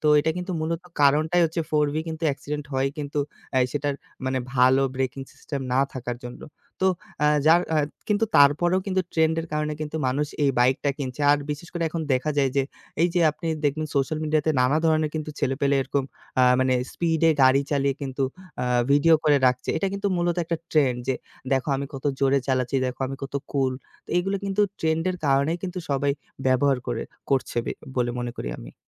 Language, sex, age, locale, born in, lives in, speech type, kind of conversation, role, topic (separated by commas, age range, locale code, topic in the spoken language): Bengali, male, 25-29, bn-BD, Bangladesh, Bangladesh, conversation, podcast, guest, ট্রেন্ড দেখে আপনি সাধারণত কী করেন—অনুসরণ করেন, নাকি নিজে ভাবেন?